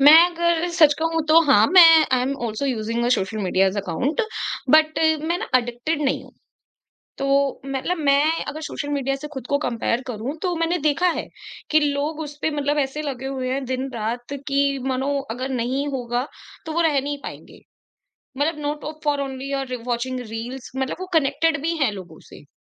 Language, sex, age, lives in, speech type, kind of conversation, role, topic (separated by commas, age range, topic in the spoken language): Hindi, female, 25-29, India, conversation, podcast, guest, Social media par khud ko dusron se compare karte waqt tum apne aap ko grounded kaise rakhte ho?